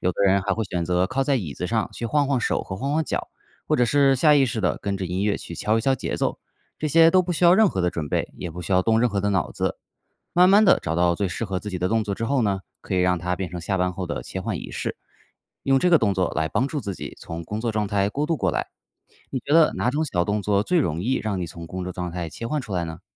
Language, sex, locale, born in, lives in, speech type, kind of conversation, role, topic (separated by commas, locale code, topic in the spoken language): Chinese, male, zh-CN, China, United States, read, expert-assistant, expert, 上班族如何在忙碌中培养兴趣爱好？